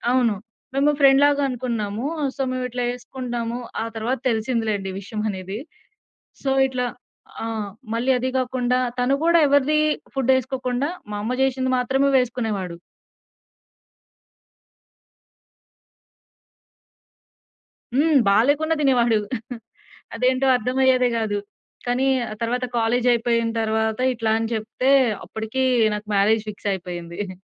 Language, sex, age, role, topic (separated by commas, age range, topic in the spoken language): Telugu, female, 20-24, guest, ఎవరైనా మీతో ఆహారం పంచుకున్నారా, ఆ అనుభవం మీకు ఎలా అనిపించింది?